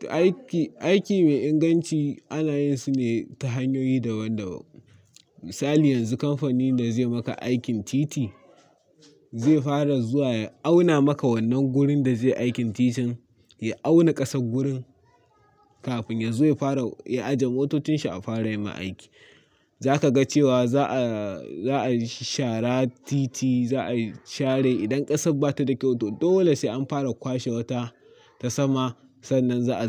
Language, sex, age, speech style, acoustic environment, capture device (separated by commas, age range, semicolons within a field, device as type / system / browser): Hausa, male, 18-24, focused, nature, mobile / Linux / Chrome